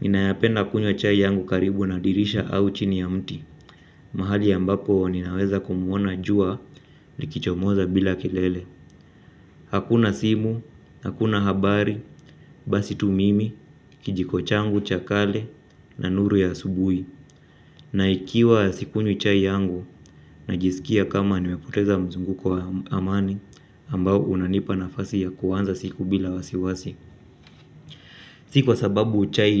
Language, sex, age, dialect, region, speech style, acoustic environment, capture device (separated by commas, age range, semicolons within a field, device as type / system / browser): Swahili, male, 25-34, Kenya - Mombasa, Kenya, relaxed, silence; appliances; traffic, mobile / Linux / Chrome